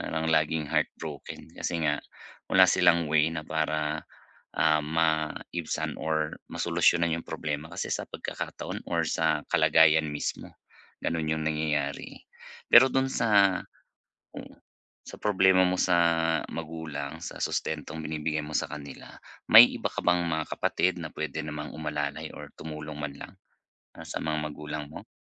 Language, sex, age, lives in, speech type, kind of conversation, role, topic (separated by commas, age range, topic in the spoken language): Filipino, male, 35-39, Philippines, conversation, advice, advisor, Paano ko mababawasan ang tensyon na dulot ng araw-araw na stress?